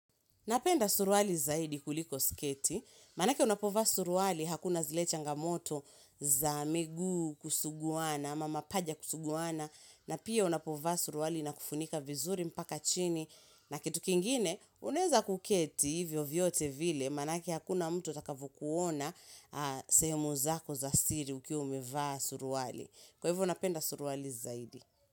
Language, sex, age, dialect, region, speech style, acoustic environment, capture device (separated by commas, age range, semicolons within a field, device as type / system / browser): Swahili, female, 25-34, Kenya - Mombasa, Kenya, relaxed; happy, silence, mobile / Linux / Chrome